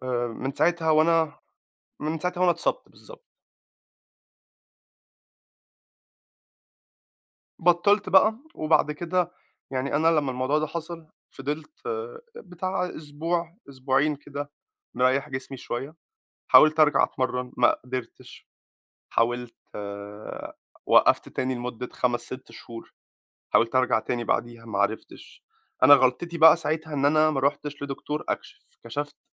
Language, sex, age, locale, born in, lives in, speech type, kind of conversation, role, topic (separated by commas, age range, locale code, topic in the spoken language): Arabic, male, 25-29, ar-EG, Egypt, United States, conversation, advice, user, إزاي أتغلب على خوفي من الرجوع للجيم بعد فترة انقطاع؟